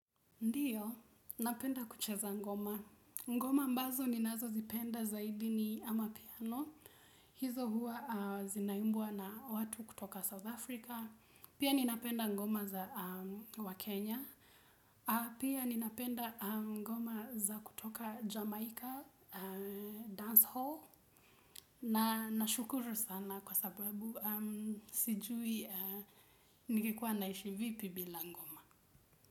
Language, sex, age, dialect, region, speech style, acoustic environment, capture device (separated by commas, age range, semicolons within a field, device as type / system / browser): Swahili, female, 18-24, Kenya - Nairobi Sheng-influenced, Kenya, relaxed; focused, silence, desktop / Windows / Chrome